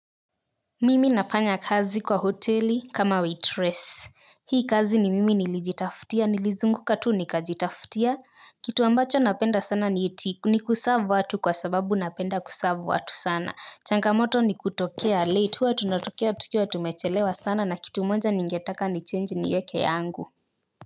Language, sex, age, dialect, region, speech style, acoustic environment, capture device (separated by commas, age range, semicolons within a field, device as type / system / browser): Swahili, female, 18-24, Kenya - Nairobi Sheng-influenced, Kenya, focused, silence, mobile / Linux / Chrome